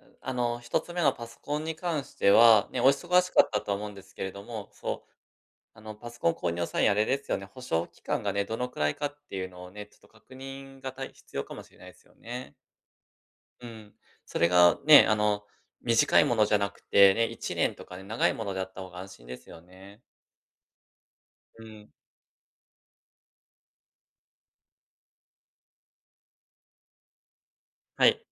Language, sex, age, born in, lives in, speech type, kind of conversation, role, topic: Japanese, male, 35-39, Japan, Japan, conversation, advice, advisor, オンライン購入で失敗が続いて不安なとき、どうすればよいですか？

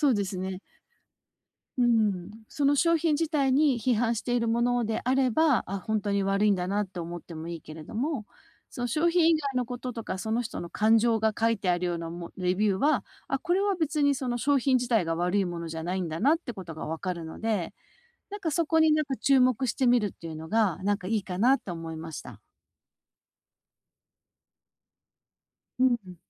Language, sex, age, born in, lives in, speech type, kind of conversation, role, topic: Japanese, female, 50-54, Japan, United States, conversation, advice, advisor, オンラインで本当に良い商品をどうやって見つければよいですか？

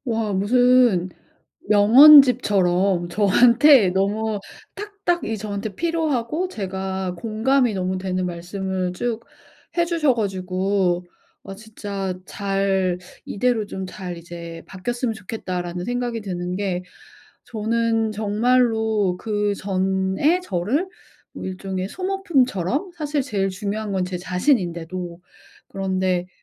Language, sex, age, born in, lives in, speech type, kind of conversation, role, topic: Korean, female, 40-44, South Korea, United States, conversation, advice, user, 자기돌봄을 우선순위로 정하고 꾸준히 실천하려면 어떻게 해야 하나요?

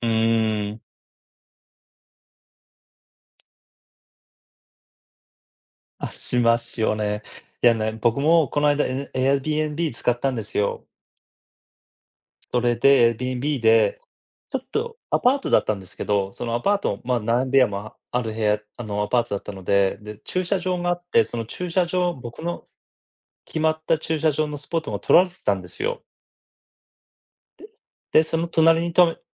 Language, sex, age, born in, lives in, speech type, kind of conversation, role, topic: Japanese, male, 40-44, Japan, United States, conversation, unstructured, none, なぜ人は意見が食い違うことが多いと思いますか？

